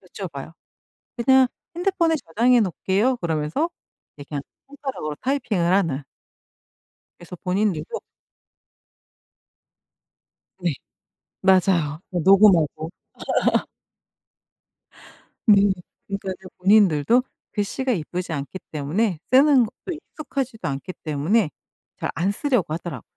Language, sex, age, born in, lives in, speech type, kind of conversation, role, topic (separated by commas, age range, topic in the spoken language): Korean, female, 50-54, South Korea, United States, conversation, podcast, guest, 스마트폰 사용을 줄이거나 끊는 좋은 방법이 있을까요?